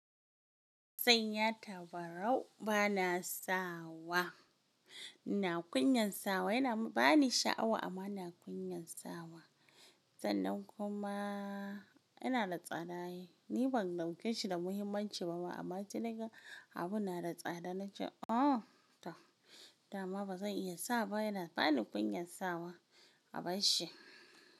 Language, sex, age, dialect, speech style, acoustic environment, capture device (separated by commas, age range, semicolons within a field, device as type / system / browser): Hausa, female, 18-24, Nigeria - Kano, happy, silence, mobile / Linux / Chrome